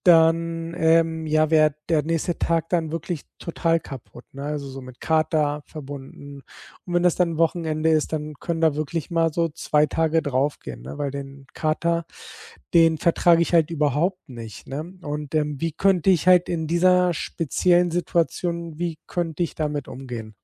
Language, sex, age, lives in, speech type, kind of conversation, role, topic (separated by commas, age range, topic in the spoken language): German, male, 40-44, Spain, conversation, advice, user, Wie finde ich eine gute Balance zwischen Zeit mit anderen und Zeit allein?